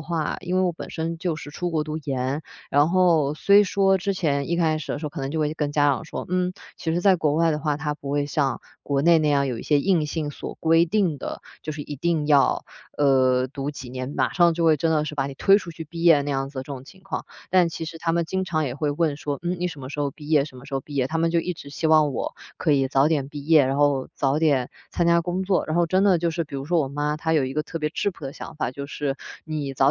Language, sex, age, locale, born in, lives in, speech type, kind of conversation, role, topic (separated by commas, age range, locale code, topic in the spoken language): Chinese, female, 25-29, zh-CN, China, Germany, conversation, podcast, guest, 你如何分辨外界的期待和自己内心的想法？